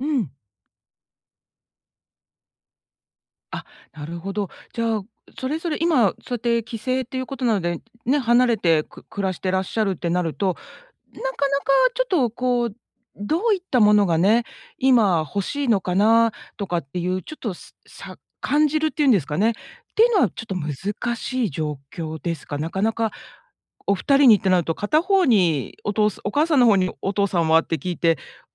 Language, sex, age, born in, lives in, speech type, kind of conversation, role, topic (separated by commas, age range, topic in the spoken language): Japanese, female, 50-54, Japan, United States, conversation, advice, advisor, 予算内で満足できる買い物をするには、どう選べばいいですか？